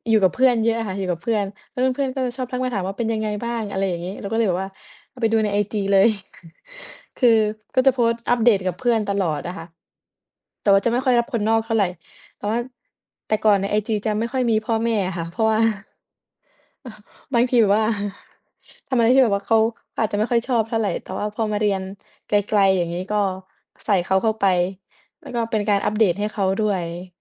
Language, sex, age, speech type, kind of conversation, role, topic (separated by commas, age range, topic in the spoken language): Thai, female, 20-24, conversation, unstructured, none, เทคโนโลยีทำลายความเป็นส่วนตัวของเรามากแค่ไหน?